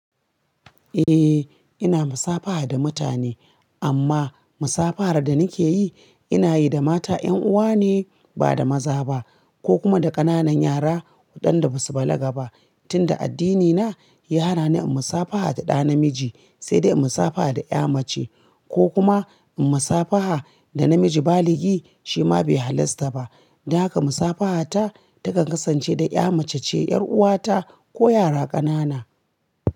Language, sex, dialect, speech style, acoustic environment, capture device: Hausa, female, Nigeria - Kano, relaxed; focused, appliances; silence; nature, mobile / Linux / Chrome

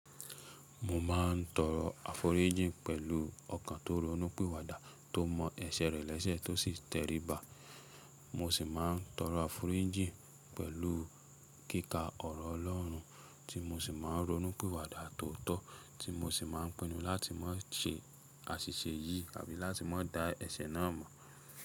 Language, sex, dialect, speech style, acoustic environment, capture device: Yoruba, male, Nigeria - Nigerian Standard, relaxed, silence; nature, mobile / Linux / Chrome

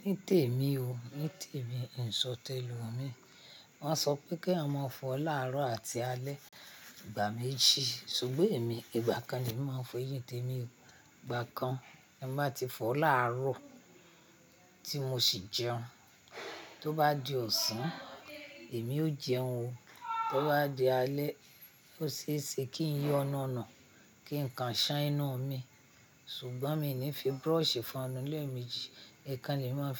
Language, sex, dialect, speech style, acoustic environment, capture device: Yoruba, female, Nigeria - Ibadan, happy; excited, people talking; traffic; nature, mobile / Linux / Chrome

